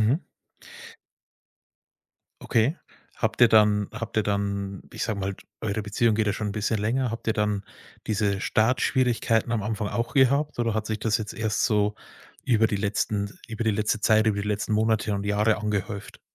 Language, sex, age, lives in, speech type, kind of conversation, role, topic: German, male, 30-34, Germany, conversation, advice, advisor, Welcher Konflikt in deiner Partnerschaft verursacht dir besonders viel Stress?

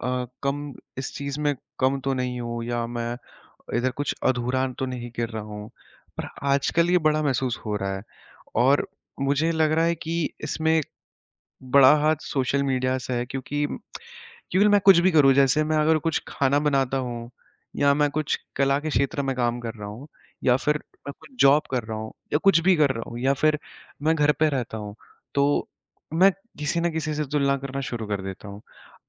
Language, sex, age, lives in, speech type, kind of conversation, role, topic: Hindi, male, 20-24, India, conversation, advice, user, सोशल मीडिया पर दूसरों से तुलना करने के कारण आपकी प्रेरणा कैसे कम हुई है?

